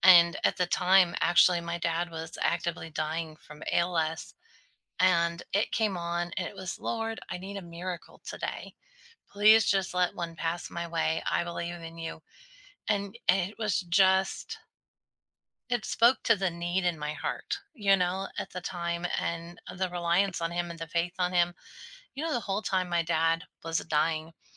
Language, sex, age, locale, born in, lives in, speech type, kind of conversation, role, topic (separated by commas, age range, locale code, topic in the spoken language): English, female, 40-44, en-US, United States, United States, conversation, unstructured, none, Which feel-good song never fails to lift your mood, and what memories or elements make it work for you?